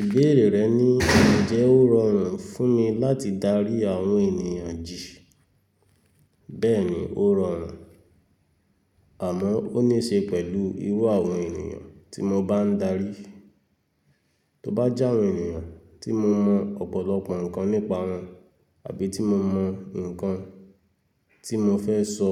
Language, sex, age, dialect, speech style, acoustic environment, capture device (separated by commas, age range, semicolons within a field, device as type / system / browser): Yoruba, male, 25-34, Nigeria - Lagos Yoruba, relaxed, silence, mobile / Linux / Chrome